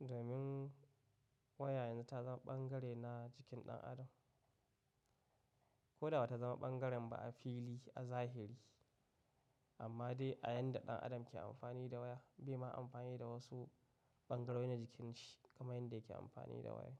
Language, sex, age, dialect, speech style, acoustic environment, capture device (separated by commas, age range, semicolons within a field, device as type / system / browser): Hausa, male, 25-34, Nigeria - Katsina, happy, nature, mobile / macOS / Safari